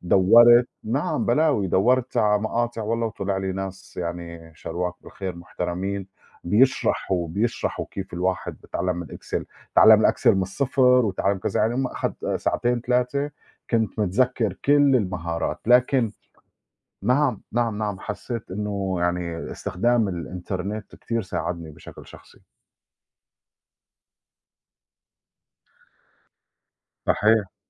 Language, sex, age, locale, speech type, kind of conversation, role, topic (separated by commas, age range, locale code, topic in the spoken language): Arabic, male, 40-44, ar-SY, conversation, unstructured, none, إنت إزاي اتعلمت مهارة جديدة الفترة اللي فاتت؟